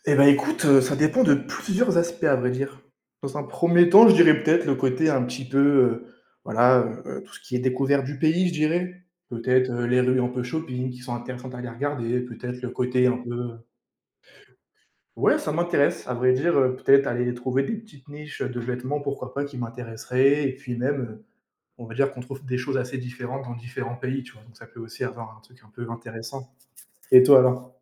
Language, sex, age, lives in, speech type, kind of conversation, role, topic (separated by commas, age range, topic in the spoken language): French, male, 20-24, France, conversation, unstructured, none, Qu’est-ce qui rend un voyage vraiment mémorable selon toi ?